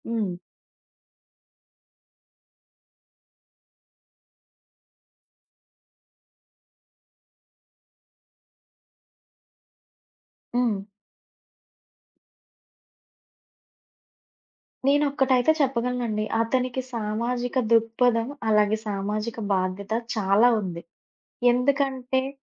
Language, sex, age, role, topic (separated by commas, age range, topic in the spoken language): Telugu, female, 30-34, guest, మీకు స్ఫూర్తినిచ్చిన సినిమా లేదా కార్యక్రమం ఏది?